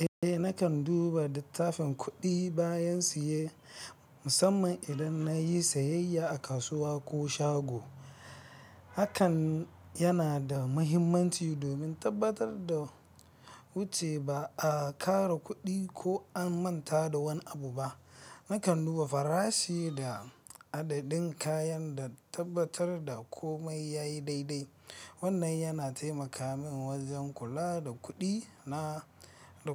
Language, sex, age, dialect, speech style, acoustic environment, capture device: Hausa, male, 25-34, Nigeria - Nigerian Standard, anxious, traffic, mobile / Linux / Chrome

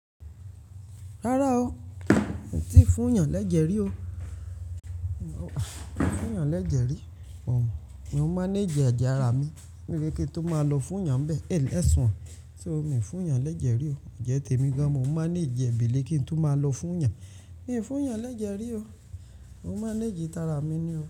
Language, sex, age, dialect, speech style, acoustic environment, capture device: Yoruba, male, 18-24, Nigeria - Lagos Yoruba, happy; nervous; anxious; stressed, people talking; traffic, mobile / Linux / Chrome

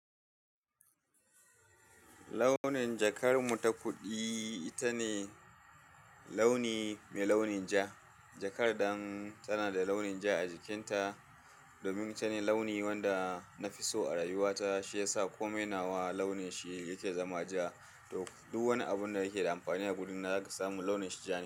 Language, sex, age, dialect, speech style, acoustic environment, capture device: Hausa, male, 35-44, Nigeria - Kano, happy, people talking, desktop / Linux / Chrome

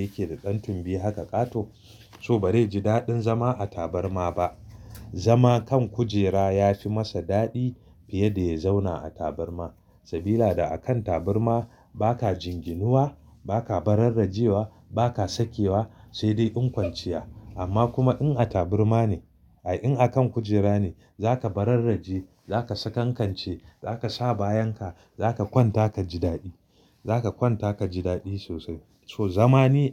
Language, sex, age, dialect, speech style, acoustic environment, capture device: Hausa, male, 35-44, Nigeria - Kano, happy, silence, mobile / Linux / Chrome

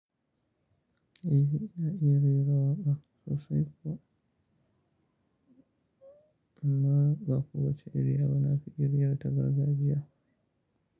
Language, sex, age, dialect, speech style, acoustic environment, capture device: Hausa, male, 35-44, Nigeria - Kano, happy, silence, mobile / Linux / Chrome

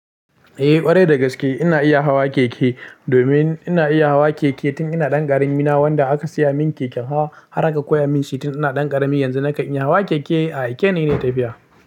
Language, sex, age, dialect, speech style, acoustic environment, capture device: Hausa, male, 18-24, Nigeria - Pidgin-influenced, happy, silence, mobile / Linux / Chrome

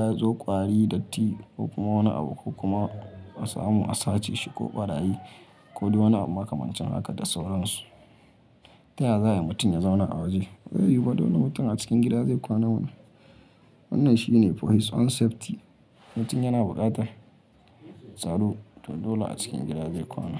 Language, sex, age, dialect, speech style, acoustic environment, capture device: Hausa, male, 25-34, Nigeria - Kano, happy; focused, people talking; nature, mobile / Linux / Chrome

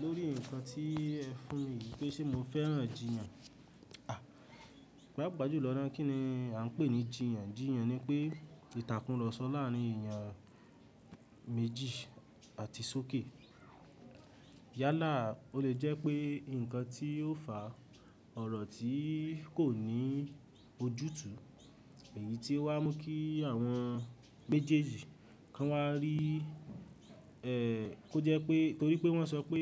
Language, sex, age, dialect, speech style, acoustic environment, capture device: Yoruba, male, 18-24, Nigeria - Ibadan, happy; excited; focused, people talking; nature, mobile / Linux / Chrome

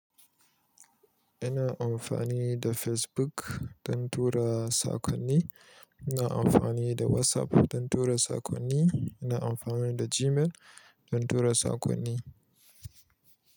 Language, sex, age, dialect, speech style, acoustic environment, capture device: Hausa, male, 25-34, Nigeria - Kano, happy, people talking, mobile / Linux / Chrome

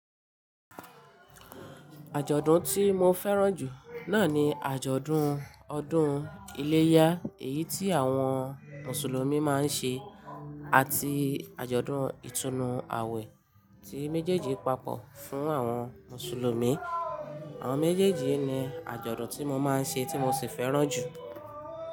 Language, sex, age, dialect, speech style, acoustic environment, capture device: Yoruba, female, 25-34, Nigeria - Ibadan, excited; happy, music, mobile / Linux / Chrome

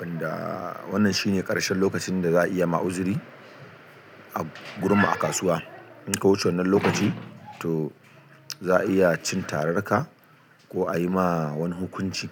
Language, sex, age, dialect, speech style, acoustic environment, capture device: Hausa, male, 35-44, Nigeria - Kano, happy; excited, silence; nature, desktop / Linux / Chrome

